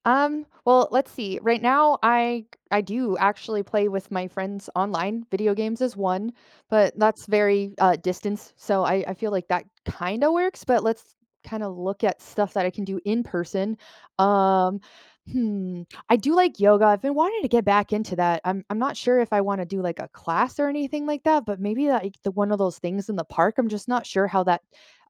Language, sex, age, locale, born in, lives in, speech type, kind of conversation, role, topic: English, female, 30-34, en-US, United States, United States, conversation, advice, user, How can I make friends in a new city?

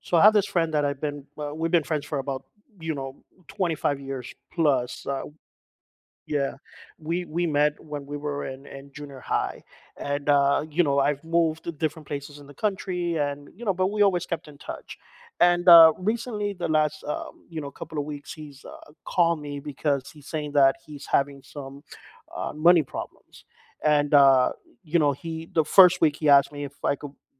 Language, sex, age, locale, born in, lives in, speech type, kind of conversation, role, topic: English, male, 45-49, en-US, United States, United States, conversation, advice, user, How do I set boundaries with a friend who only contacts me when they need something?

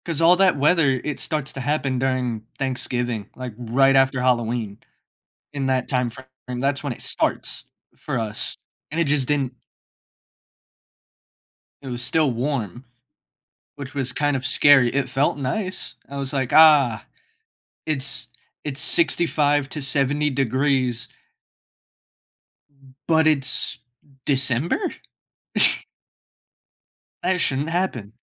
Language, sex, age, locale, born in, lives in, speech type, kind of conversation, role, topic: English, male, 40-44, en-US, United States, United States, conversation, unstructured, none, What concerns you most about the impact of climate change on our future?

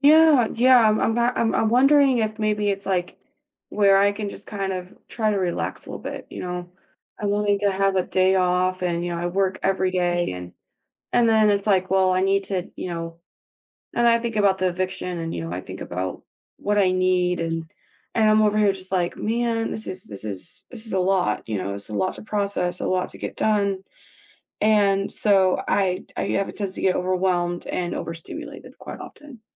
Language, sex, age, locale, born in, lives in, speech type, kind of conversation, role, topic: English, female, 25-29, en-US, United States, United States, conversation, advice, user, How can I change my habits?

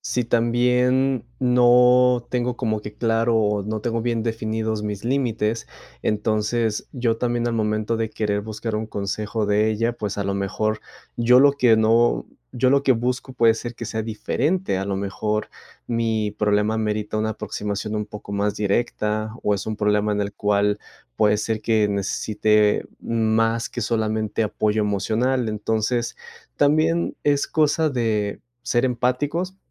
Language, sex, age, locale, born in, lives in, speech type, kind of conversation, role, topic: Spanish, male, 25-29, es-MX, Mexico, Mexico, conversation, advice, user, ¿Cómo puedo ofrecer apoyo emocional a mi pareja sin minimizar sus sentimientos?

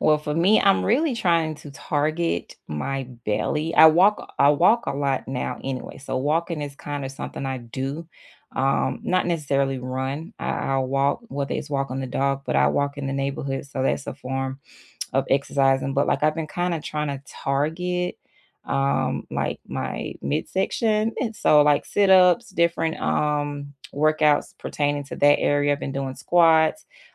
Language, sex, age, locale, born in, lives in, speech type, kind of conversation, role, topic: English, female, 35-39, en-US, United States, United States, conversation, unstructured, none, If you could redesign your week, what would you add or cut to make more time for what matters most?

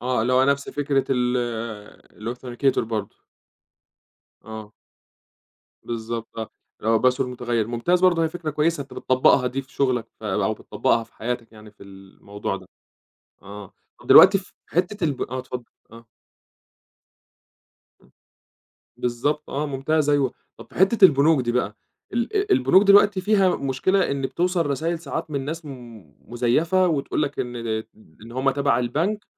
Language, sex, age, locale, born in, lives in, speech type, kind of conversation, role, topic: Arabic, male, 25-29, ar-EG, Egypt, Egypt, conversation, podcast, host, إزاي تحافظ على خصوصيتك الرقمية ببساطة؟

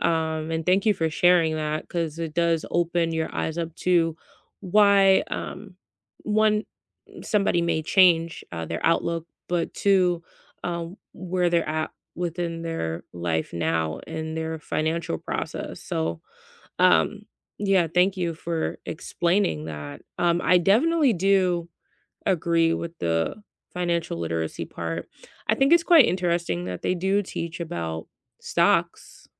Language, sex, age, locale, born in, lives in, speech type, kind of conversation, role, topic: English, female, 25-29, en-US, United States, United States, conversation, unstructured, none, What is one thing you wish you had learned about money earlier?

